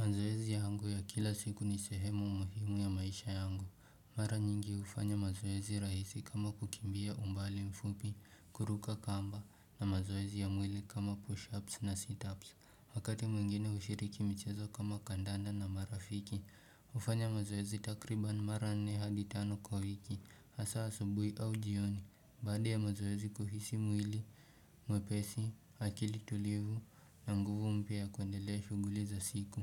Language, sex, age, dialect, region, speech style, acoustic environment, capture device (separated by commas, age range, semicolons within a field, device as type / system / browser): Swahili, male, 18-24, Kenya - Nairobi Sheng-influenced, Kenya, relaxed, nature; appliances, desktop / Windows / Chrome